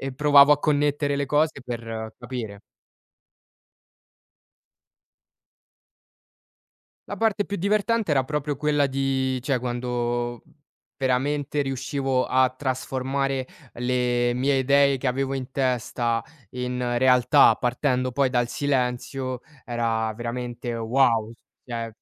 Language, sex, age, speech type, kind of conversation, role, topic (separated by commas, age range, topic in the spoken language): Italian, male, 20-24, conversation, podcast, guest, Puoi raccontarmi di una volta in cui hai imparato qualcosa da solo?